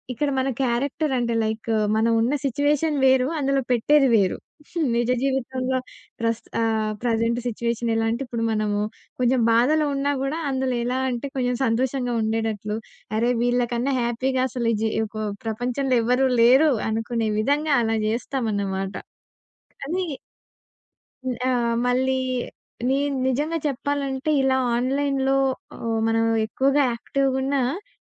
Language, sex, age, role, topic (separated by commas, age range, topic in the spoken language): Telugu, female, 20-24, guest, ఆన్‌లైన్‌లో మీరు చూపించే రూపం, నిజజీవితంలో మీరు ఉన్న విధానం మధ్య మీకు ఎంత తేడా ఉంది?